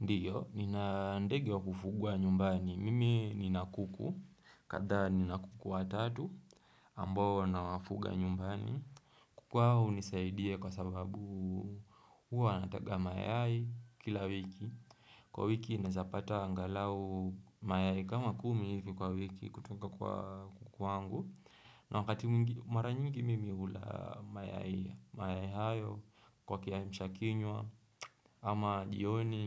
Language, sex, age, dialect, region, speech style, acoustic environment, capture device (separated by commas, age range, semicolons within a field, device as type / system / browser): Swahili, male, 18-24, Kenya - Mombasa, Kenya, relaxed, silence, desktop / Windows / Chrome